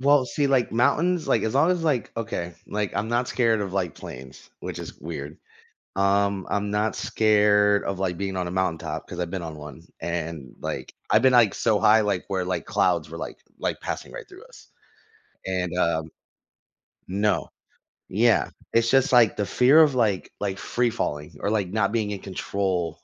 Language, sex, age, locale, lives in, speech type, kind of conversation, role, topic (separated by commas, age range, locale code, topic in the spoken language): English, male, 30-34, en-US, United States, conversation, advice, user, How can I overcome a fear that's interfering with my daily life?